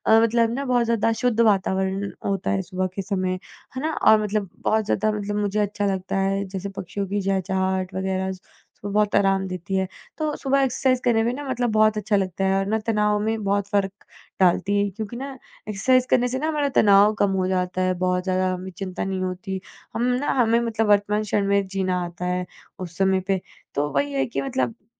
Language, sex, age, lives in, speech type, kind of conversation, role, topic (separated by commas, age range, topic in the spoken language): Hindi, female, 20-24, India, conversation, podcast, guest, क्या व्यायाम तनाव को कम करने में मदद करता है?